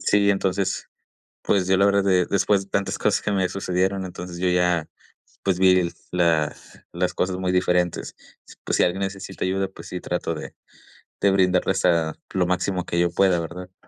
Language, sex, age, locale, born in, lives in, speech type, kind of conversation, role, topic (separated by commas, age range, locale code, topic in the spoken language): Spanish, male, 20-24, es-MX, Mexico, Mexico, conversation, podcast, guest, ¿Cuál fue tu viaje más inolvidable y por qué?